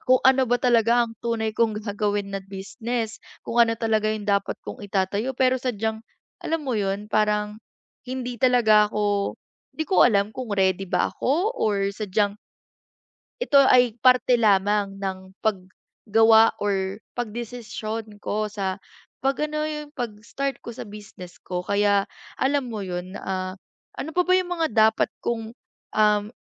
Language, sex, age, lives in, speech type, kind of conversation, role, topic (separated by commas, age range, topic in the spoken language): Filipino, female, 20-24, Philippines, conversation, advice, user, Paano ko mapapawi ang kaba at mapapalakas ang tiwala sa sarili sa paggawa ng mga desisyon sa negosyo?